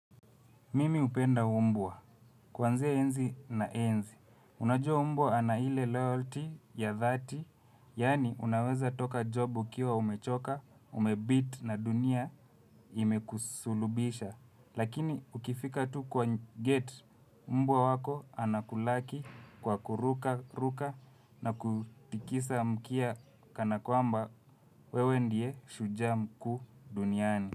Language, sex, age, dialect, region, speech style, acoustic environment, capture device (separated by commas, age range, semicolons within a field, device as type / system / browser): Swahili, male, 25-34, Kenya - Nairobi Sheng-influenced, Kenya, relaxed, nature, mobile / Linux / Chrome